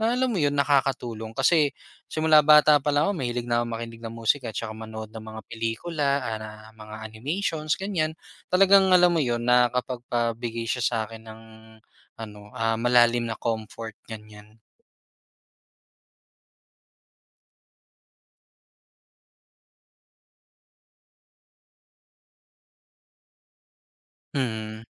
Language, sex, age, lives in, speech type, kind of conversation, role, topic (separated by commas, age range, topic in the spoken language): Filipino, male, 25-29, Philippines, conversation, podcast, guest, Paano mo sisimulan muli ang dati mong libangan?